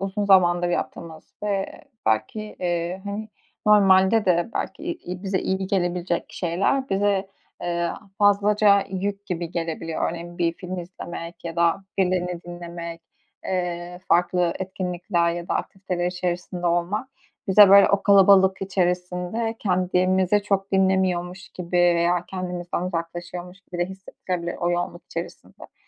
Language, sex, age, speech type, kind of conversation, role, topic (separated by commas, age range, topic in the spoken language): Turkish, female, 25-29, conversation, advice, advisor, Evde film izlerken veya müzik dinlerken nasıl daha iyi rahatlayabilirim?